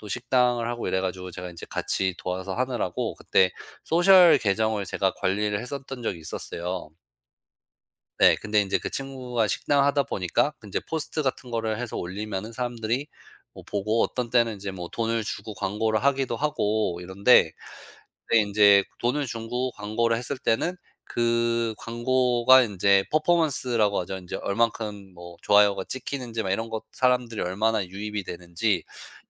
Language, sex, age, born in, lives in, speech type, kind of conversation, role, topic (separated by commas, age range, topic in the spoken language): Korean, male, 35-39, United States, United States, conversation, podcast, guest, 소셜 미디어 때문에 피로감을 느낄 때, 솔직히 어떻게 대처하시나요?